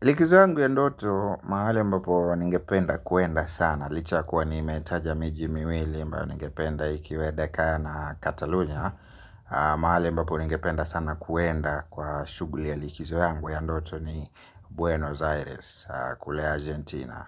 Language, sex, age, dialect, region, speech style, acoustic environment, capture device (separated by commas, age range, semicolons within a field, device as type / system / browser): Swahili, male, 25-34, Kenya - Nairobi Sheng-influenced, Kenya, happy; relaxed; focused; excited, nature; silence, mobile / Linux / Chrome